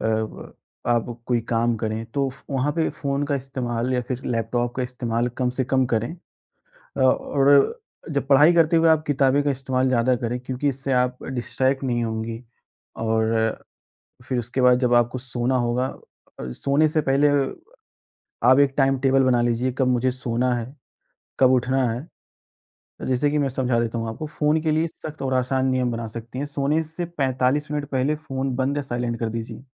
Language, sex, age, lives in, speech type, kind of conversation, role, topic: Hindi, male, 20-24, India, conversation, advice, advisor, मैं रात को जल्दी और चिंता‑मुक्त सोने के लिए एक शांत सोने की दिनचर्या कैसे बना सकता/सकती हूँ?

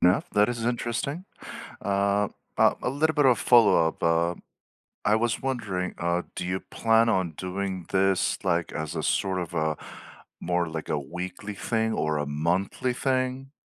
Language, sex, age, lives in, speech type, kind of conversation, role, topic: English, male, 45-49, United States, conversation, unstructured, none, What hobby would you love to pick up this year?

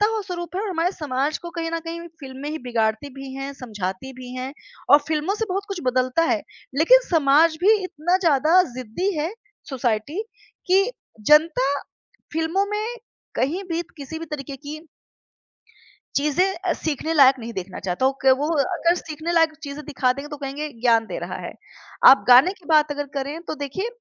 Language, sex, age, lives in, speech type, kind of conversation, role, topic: Hindi, female, 30-34, India, conversation, podcast, guest, आपकी भाषा ने आपकी पहचान को कैसे आकार दिया है?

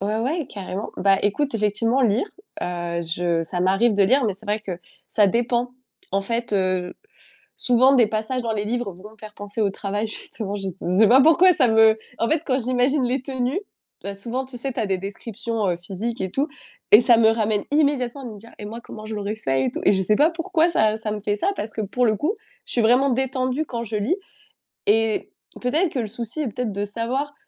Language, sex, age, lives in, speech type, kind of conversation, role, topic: French, female, 25-29, France, conversation, advice, user, Comment puis-je fixer des limites à mon temps libre sans culpabiliser ?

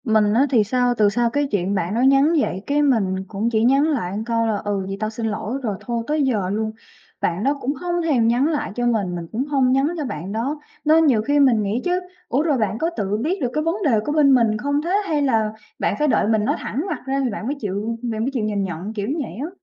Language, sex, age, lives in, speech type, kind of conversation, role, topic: Vietnamese, female, 25-29, Vietnam, conversation, advice, user, Tại sao bạn thân của tôi thay đổi và trở nên xa lạ, và tôi nên làm gì để cải thiện mối quan hệ này?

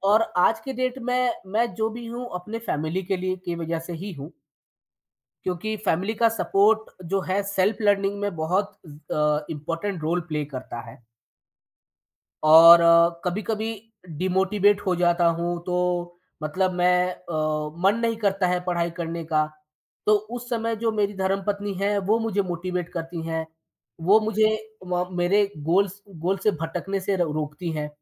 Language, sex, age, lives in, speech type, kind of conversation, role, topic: Hindi, male, 30-34, India, conversation, podcast, guest, Tumne khud se seekhna kab aur kaise shuru kiya, thoda bata sakte ho?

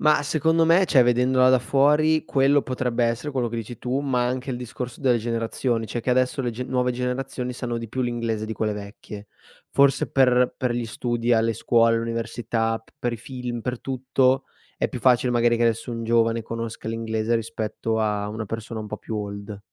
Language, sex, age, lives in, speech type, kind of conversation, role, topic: Italian, male, 25-29, Italy, conversation, podcast, host, Come hai fatto a mantenere viva la lingua dei tuoi genitori?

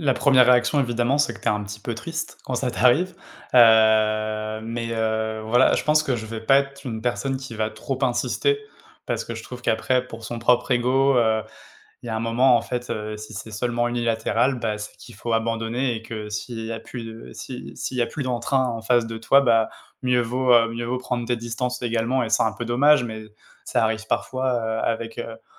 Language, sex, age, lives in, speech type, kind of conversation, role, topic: French, male, 30-34, France, conversation, unstructured, none, Qu’est-ce qui rend une amitié vraiment forte selon toi ?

